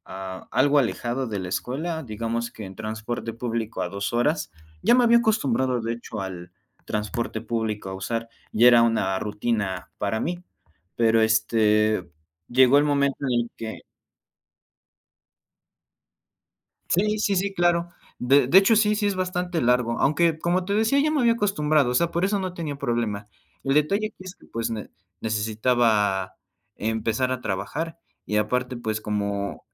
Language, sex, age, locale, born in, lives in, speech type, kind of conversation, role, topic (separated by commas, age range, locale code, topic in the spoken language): Spanish, male, 20-24, es-MX, Mexico, Mexico, conversation, podcast, guest, ¿Cómo se reparten las responsabilidades con la persona con la que vives?